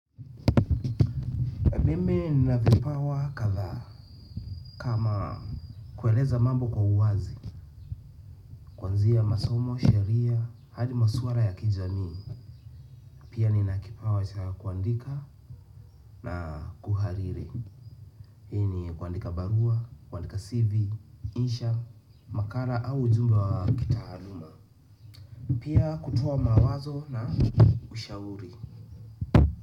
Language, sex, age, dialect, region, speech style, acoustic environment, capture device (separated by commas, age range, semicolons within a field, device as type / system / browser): Swahili, male, 25-34, Kenya - Nairobi Sheng-influenced, Kenya, nervous, nature, desktop / Windows / Chrome